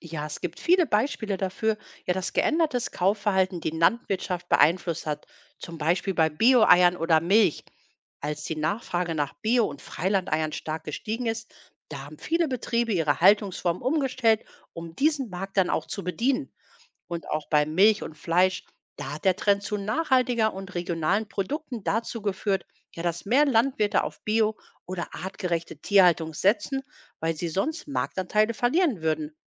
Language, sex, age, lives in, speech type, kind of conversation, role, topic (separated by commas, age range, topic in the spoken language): German, female, 45-49, Germany, read, expert-assistant, expert, Warum stellen immer mehr Landwirte auf nachhaltige Landwirtschaft um?